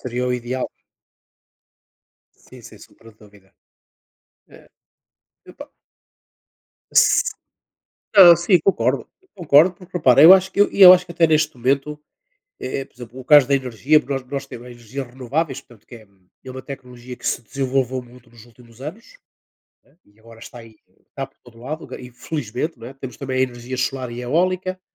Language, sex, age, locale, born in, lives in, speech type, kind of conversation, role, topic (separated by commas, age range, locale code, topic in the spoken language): Portuguese, male, 60-64, pt-PT, Portugal, Portugal, conversation, unstructured, none, Como a tecnologia pode ajudar a proteger o meio ambiente?